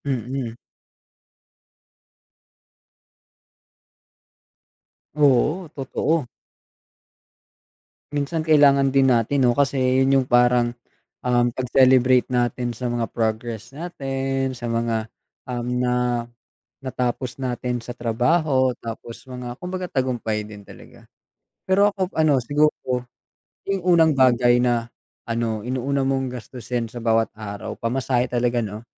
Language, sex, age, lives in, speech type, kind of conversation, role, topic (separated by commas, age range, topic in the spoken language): Filipino, male, 20-24, Philippines, conversation, unstructured, none, Paano mo pinipili kung saan mo gagastusin ang pera mo araw-araw?